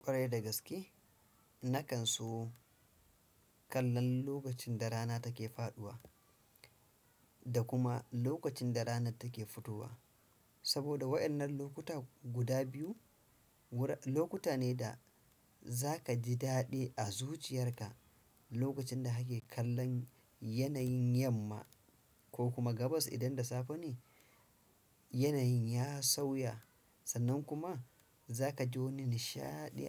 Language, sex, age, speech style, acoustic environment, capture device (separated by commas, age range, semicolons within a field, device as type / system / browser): Hausa, male, 35-44, stressed; focused; anxious; happy; relaxed; nervous; excited, silence; appliances, desktop / Windows / Chrome